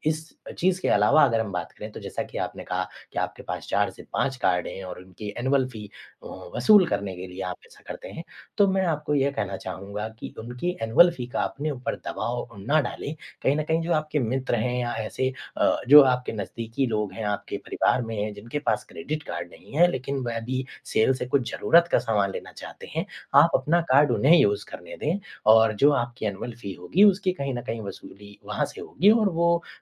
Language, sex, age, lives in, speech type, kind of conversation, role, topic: Hindi, male, 25-29, India, conversation, advice, advisor, मेरे क्रेडिट कार्ड के बिल लगातार बढ़ते जा रहे हैं, मैं क्या करूँ?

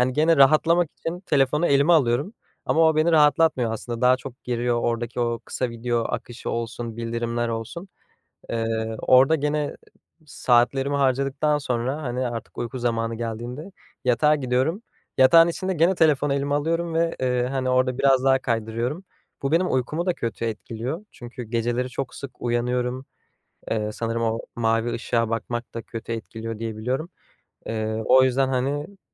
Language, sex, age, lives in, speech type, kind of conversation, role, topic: Turkish, male, 20-24, Netherlands, conversation, advice, user, Telefon ve sosyal medyada dikkatinizin kolayca dağılması durumunu nasıl yaşıyorsunuz?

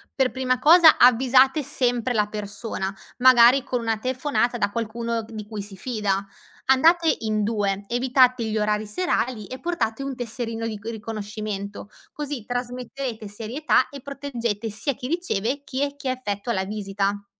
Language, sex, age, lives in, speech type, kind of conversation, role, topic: Italian, female, 25-29, Italy, read, expert-assistant, expert, Come possiamo far conoscere e rendere accessibili i servizi sociali agli anziani?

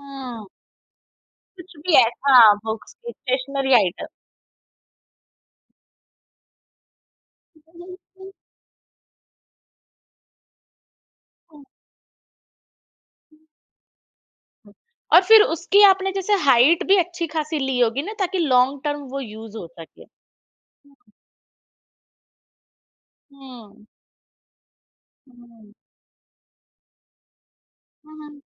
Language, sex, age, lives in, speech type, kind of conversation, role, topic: Hindi, female, 35-39, India, conversation, podcast, host, Small budget mein ghar ko zyada achhe se organize kaise karoge?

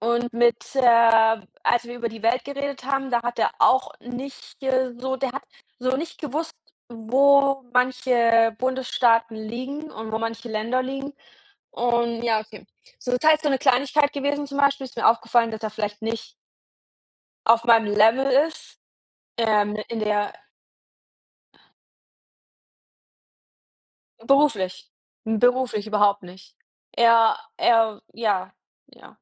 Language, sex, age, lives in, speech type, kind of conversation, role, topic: German, female, 30-34, Germany, conversation, unstructured, none, Welcher Moment aus deiner Vergangenheit macht dich heute noch wütend?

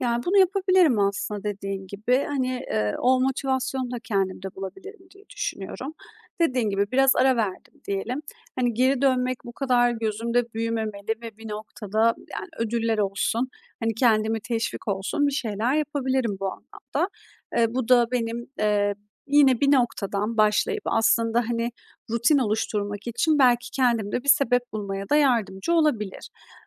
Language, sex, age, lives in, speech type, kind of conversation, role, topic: Turkish, female, 30-34, Estonia, conversation, advice, user, Bir rutine başlayıp birkaç gün sonra neden bırakıyorsun?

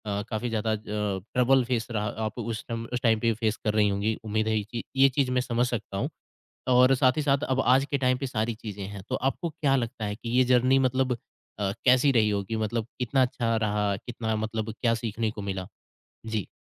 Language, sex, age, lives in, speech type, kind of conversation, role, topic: Hindi, male, 25-29, India, conversation, podcast, host, Kisi local bande/bandi ne aapko kya sikhaya?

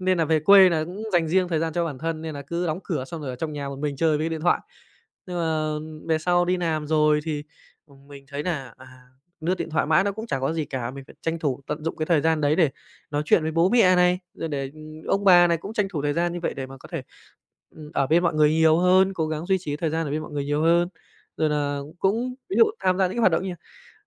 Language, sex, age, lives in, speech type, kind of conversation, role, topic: Vietnamese, male, 25-29, Japan, conversation, podcast, guest, Làm sao bạn cân bằng thời gian trực tuyến và đời sống thực?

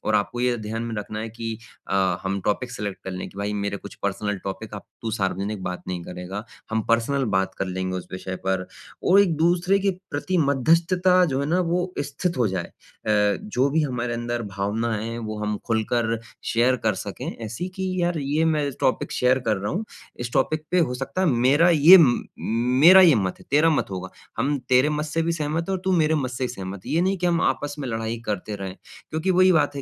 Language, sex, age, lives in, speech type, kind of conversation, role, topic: Hindi, male, 25-29, India, conversation, advice, advisor, मैं दोस्तों के साथ हुए विवाद या मतभेद को कैसे संभालूँ और सुलझाऊँ?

